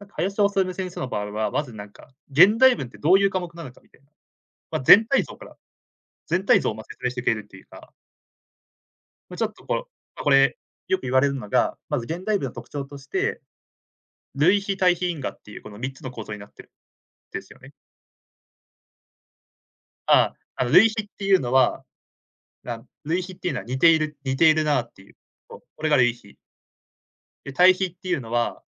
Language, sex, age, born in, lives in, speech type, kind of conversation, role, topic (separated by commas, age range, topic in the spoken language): Japanese, male, 20-24, Japan, Japan, conversation, podcast, guest, その先生のどんなところが好きでしたか？